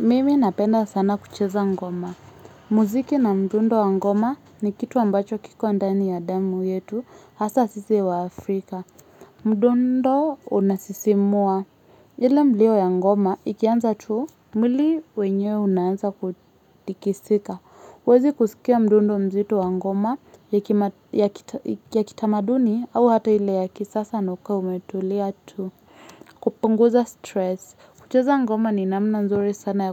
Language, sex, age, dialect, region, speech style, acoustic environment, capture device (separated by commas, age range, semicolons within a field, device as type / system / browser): Swahili, female, 25-34, Kenya - Nairobi Sheng-influenced, Kenya, relaxed, silence, mobile / Linux / Chrome